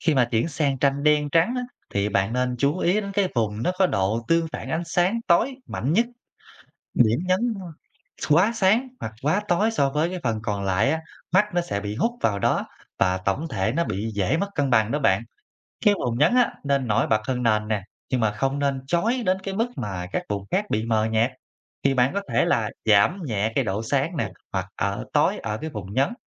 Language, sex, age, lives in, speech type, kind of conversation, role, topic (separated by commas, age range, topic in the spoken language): Vietnamese, male, 25-29, Vietnam, read, expert-assistant, expert, Làm sao để lập kế hoạch và hoàn thiện tranh kỹ thuật số hiệu quả?